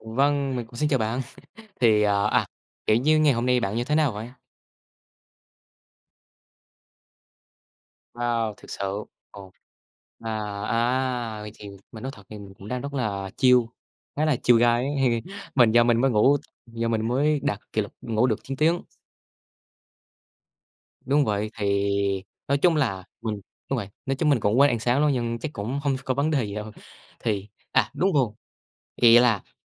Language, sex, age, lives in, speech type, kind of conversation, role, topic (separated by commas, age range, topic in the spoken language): Vietnamese, male, 18-19, Vietnam, conversation, unstructured, none, Bạn có thói quen nấu ăn ở nhà không?